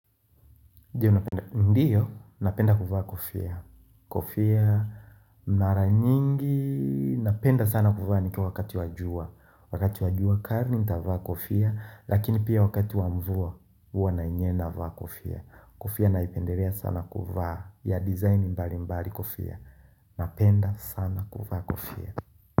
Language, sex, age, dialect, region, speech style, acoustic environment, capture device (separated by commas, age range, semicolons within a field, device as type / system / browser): Swahili, male, 25-34, Tanzania - Dar es Salaam, Tanzania, happy, nature, mobile / Linux / Chrome